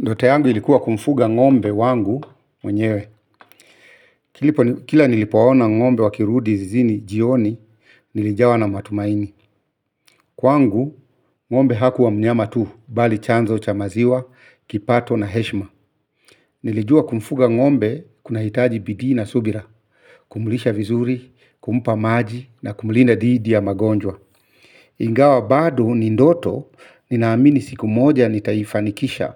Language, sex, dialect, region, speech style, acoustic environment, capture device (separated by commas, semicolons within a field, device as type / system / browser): Swahili, male, Kenya - Nairobi Sheng-influenced, Kenya, focused, silence, mobile / Linux / Chrome